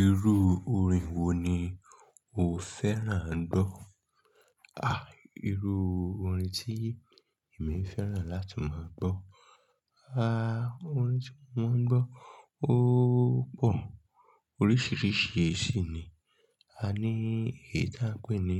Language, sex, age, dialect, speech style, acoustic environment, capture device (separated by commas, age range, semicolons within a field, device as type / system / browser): Yoruba, male, 25-34, Nigeria - Lagos Yoruba, excited; focused; relaxed, people talking; traffic; silence; nature, mobile / Linux / Chrome